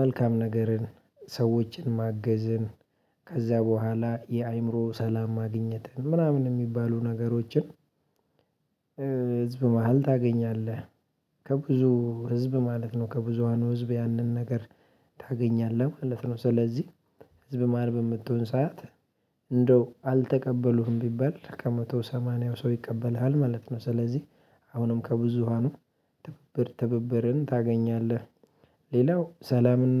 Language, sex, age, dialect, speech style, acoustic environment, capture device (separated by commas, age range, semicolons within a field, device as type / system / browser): Amharic, male, 25-34, Ethiopia - Addis Ababa, happy; focused; excited, silence, mobile / Linux / Chrome